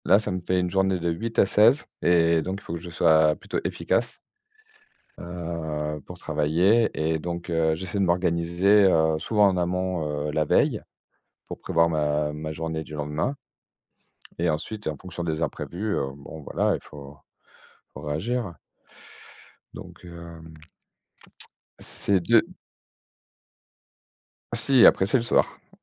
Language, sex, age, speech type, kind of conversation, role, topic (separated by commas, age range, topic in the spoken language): French, male, 40-44, conversation, unstructured, none, Quelles habitudes t’aident à bien commencer ta matinée ?